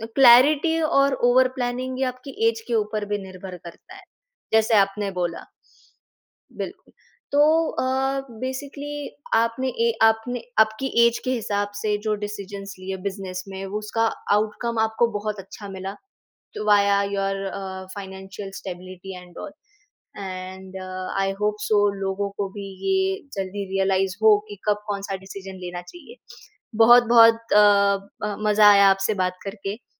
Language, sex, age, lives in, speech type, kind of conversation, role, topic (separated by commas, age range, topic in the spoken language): Hindi, female, 20-24, India, conversation, podcast, host, Kya zyada planning karna tumhe sach mein atka deta hai?